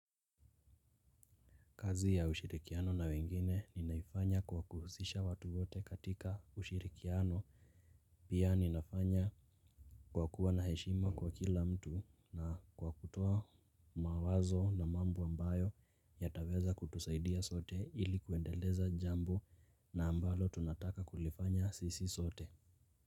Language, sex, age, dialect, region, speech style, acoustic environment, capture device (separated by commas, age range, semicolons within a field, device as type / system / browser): Swahili, male, 18-24, Kenya - Nairobi Sheng-influenced, Kenya, relaxed; focused, nature, mobile / Linux / Chrome